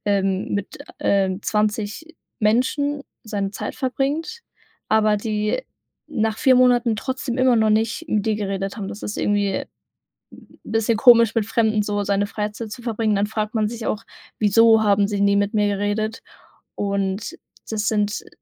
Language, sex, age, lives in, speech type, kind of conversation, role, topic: German, female, 18-19, Germany, conversation, advice, user, Wie verstellst du dich, um in einer Gruppe akzeptiert zu werden?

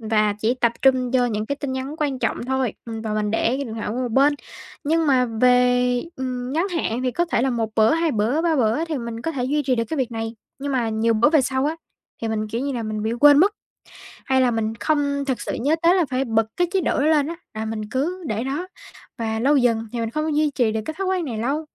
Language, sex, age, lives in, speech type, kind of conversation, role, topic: Vietnamese, female, 18-19, Vietnam, conversation, advice, user, Làm thế nào để giảm tình trạng bị phân tâm liên tục bởi thông báo điện thoại và email?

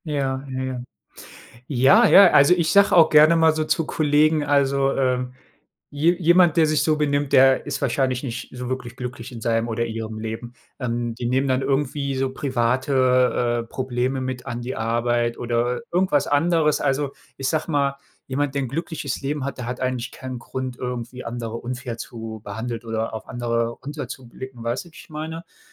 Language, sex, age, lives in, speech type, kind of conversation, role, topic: German, male, 35-39, Germany, conversation, unstructured, none, Was machst du, wenn dich jemand unfair behandelt?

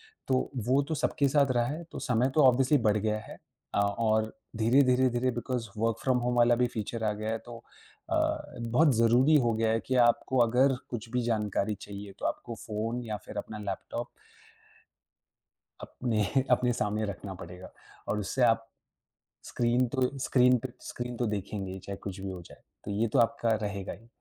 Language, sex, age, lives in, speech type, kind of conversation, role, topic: Hindi, male, 25-29, India, conversation, podcast, guest, आप अपने स्क्रीन समय को नियंत्रित करने के लिए कौन-सा तरीका अपनाते हैं?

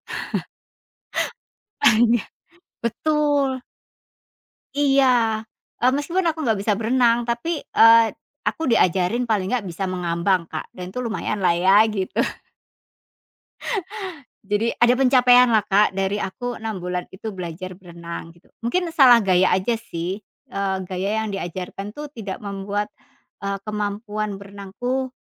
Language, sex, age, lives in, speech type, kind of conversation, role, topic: Indonesian, female, 40-44, Indonesia, conversation, podcast, guest, Pernahkah kamu punya foto yang awalnya terlihat biasa saja, tetapi ternyata menyimpan cerita besar?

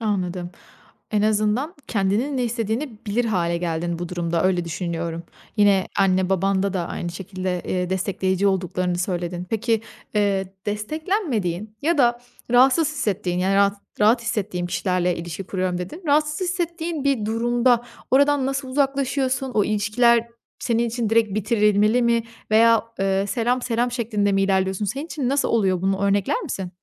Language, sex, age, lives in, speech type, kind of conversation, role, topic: Turkish, female, 25-29, Italy, conversation, podcast, host, İlişkiler sana kendin hakkında neler öğretti?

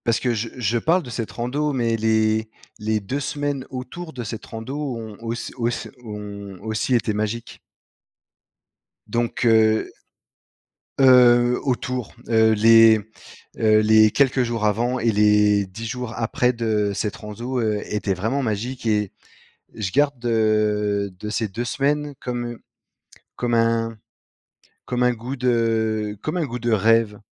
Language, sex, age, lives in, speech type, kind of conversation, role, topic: French, male, 35-39, France, conversation, podcast, guest, Quel est ton plus beau souvenir de randonnée ?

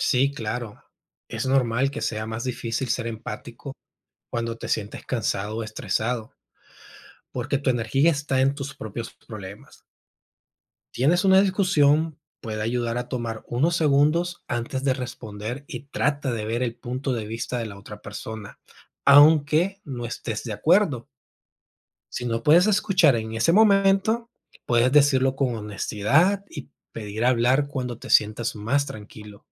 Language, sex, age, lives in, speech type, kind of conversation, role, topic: Spanish, male, 30-34, United States, read, expert-assistant, expert, ¿Por qué es importante la empatía en las relaciones humanas?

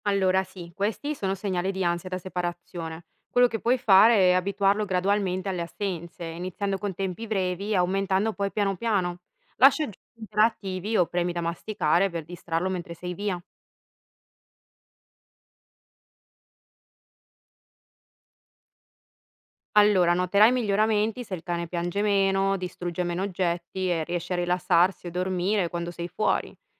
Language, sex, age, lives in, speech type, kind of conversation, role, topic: Italian, female, 30-34, Italy, read, expert-assistant, expert, Quali sono i modi più efficaci per aiutare un animale domestico a superare la paura quando resta solo in casa?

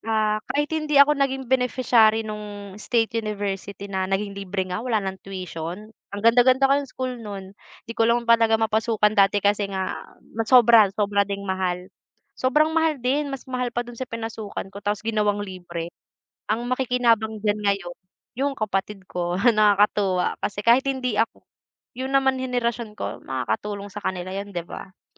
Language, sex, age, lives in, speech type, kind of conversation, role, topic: Filipino, female, 20-24, Philippines, conversation, unstructured, none, Ano ang paborito mong programa ng gobyerno at bakit?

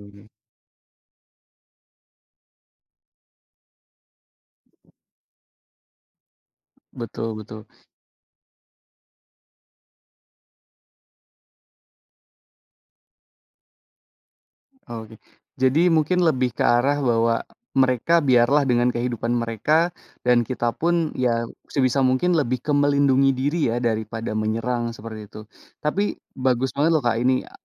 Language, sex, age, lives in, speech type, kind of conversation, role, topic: Indonesian, male, 30-34, Indonesia, conversation, podcast, host, Pernahkah kamu bertemu satwa liar saat sedang berjalan-jalan, dan bagaimana reaksimu saat itu?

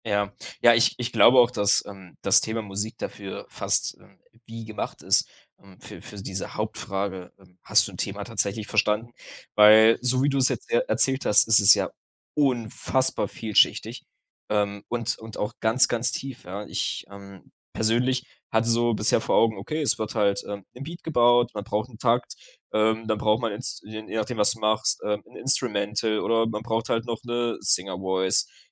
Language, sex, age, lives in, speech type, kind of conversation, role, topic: German, male, 20-24, Germany, conversation, podcast, host, Wie testest du, ob du ein Thema wirklich verstanden hast?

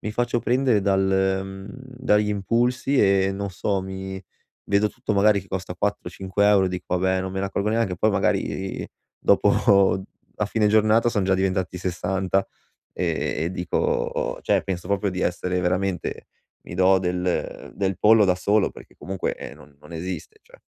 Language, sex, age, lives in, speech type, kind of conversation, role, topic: Italian, male, 25-29, Italy, conversation, advice, user, Come posso evitare acquisti impulsivi e non sprecare soldi quando faccio shopping?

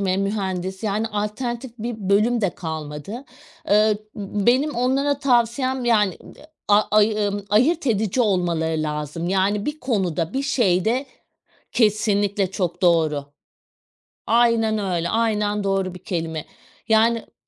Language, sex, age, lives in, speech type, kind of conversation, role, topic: Turkish, female, 40-44, Portugal, conversation, podcast, guest, Maaş pazarlığına nasıl yaklaşırsın?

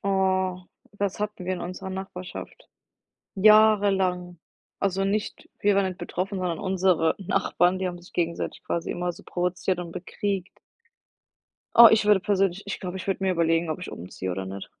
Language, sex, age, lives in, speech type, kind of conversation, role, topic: German, female, 25-29, United States, conversation, unstructured, none, Wie reagierst du, wenn dich jemand absichtlich provoziert?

